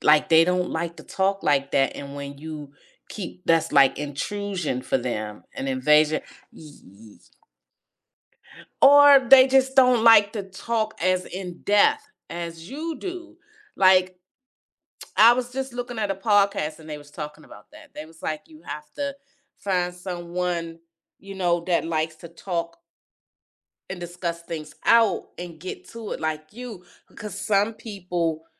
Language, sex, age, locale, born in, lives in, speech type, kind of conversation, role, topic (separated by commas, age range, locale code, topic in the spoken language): English, female, 55-59, en-US, United States, United States, conversation, podcast, guest, What qualities help friendships last through challenges and change?